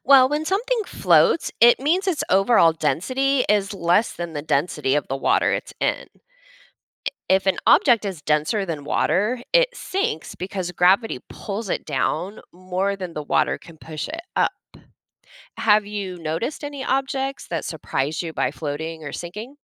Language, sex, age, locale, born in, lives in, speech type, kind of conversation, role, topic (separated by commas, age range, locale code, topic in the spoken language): English, female, 40-44, en-US, United States, United States, read, expert-assistant, expert, What determines whether objects float or sink in water?